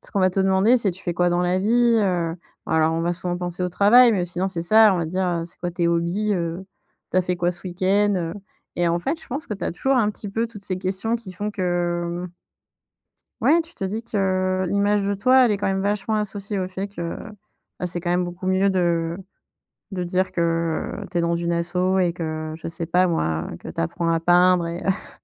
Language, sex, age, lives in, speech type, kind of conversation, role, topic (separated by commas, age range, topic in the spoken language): French, female, 30-34, France, conversation, advice, user, Séparer l'estime de soi de la productivité